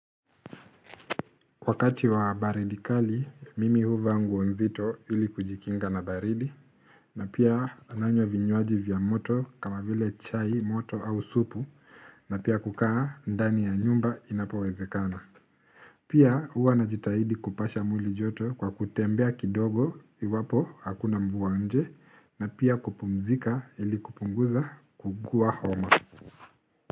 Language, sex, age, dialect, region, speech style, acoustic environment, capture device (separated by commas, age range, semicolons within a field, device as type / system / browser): Swahili, male, 25-34, Kenya - Nairobi Sheng-influenced, Kenya, relaxed, silence, mobile / Linux / Chrome